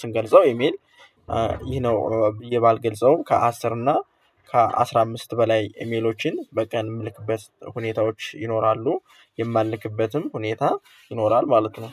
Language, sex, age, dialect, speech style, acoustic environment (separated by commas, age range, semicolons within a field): Amharic, male, 18-24, Ethiopia - Gojjam, happy, silence